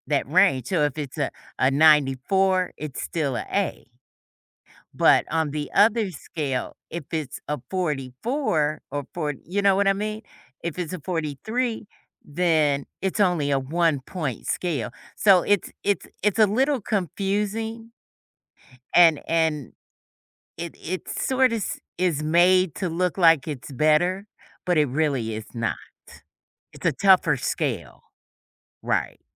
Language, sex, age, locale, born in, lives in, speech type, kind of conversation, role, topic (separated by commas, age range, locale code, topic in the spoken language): English, female, 55-59, en-US, United States, United States, conversation, podcast, guest, How can experiencing setbacks help students grow and succeed in the long run?